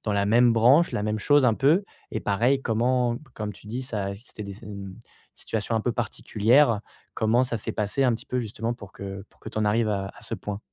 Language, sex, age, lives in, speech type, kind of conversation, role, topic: French, male, 25-29, France, conversation, advice, advisor, Que faire après avoir perdu son emploi sans savoir quoi faire ensuite ?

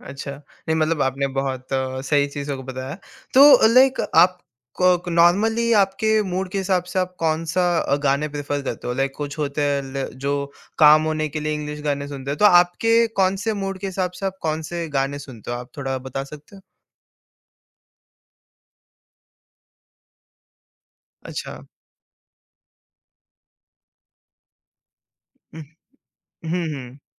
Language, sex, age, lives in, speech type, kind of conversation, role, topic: Hindi, male, 18-19, India, conversation, podcast, host, Playlist mein alag-alag languages ke gaane ka balance kaise decide karte ho?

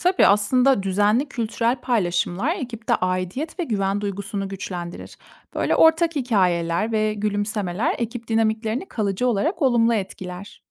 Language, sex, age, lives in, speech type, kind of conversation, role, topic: Turkish, female, 30-34, Germany, read, expert-assistant, expert, Kültürel farklılıkları anlamak için kitap mı, belgesel mi yoksa seyahat mi daha iyi öğretir?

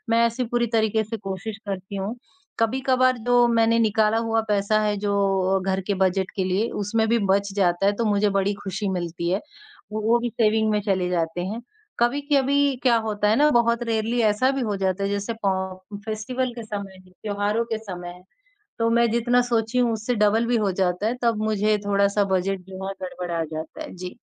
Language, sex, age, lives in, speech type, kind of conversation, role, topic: Hindi, male, 18-19, India, conversation, unstructured, none, आप रोज़मर्रा में अपने पैसों का प्रबंधन कैसे करते हैं?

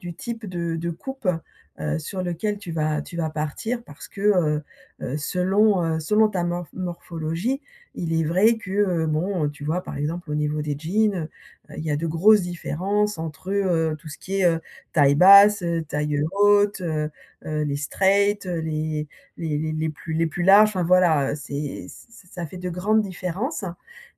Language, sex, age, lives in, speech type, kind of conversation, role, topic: French, female, 55-59, France, conversation, advice, advisor, Comment puis-je trouver des vêtements qui me vont bien et qui me mettent en valeur ?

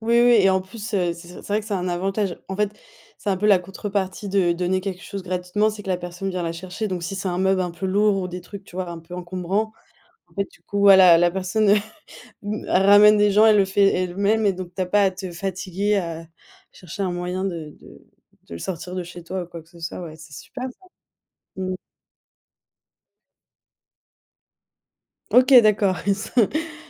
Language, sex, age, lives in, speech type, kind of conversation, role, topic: French, female, 25-29, Germany, conversation, podcast, host, Quels conseils donneriez-vous pour désencombrer sans céder au consumérisme ?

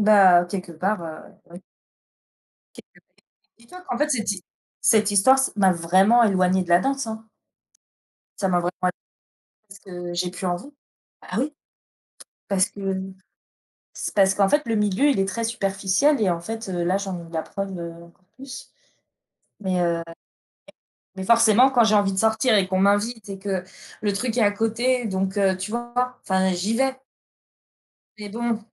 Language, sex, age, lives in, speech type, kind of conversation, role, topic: French, female, 35-39, France, conversation, unstructured, none, As-tu déjà été trahi(e) par un ami, et comment as-tu géré cette situation ?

